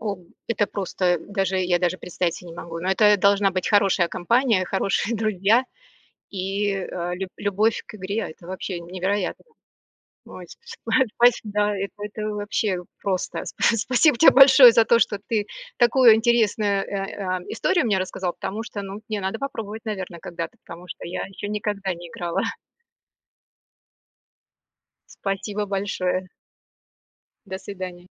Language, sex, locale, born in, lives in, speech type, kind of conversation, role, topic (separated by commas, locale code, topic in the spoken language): Russian, female, ru-RU, Russia, United States, conversation, podcast, host, Какие простые советы ты бы честно дал новичку?